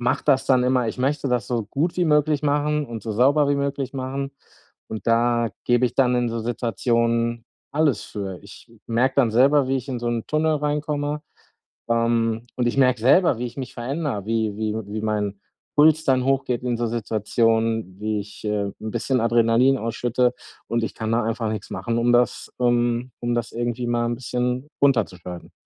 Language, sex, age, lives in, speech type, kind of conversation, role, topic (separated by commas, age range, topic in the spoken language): German, male, 40-44, Germany, conversation, advice, user, Wie kann ich bei starkem Stress ruhig und präsent bleiben?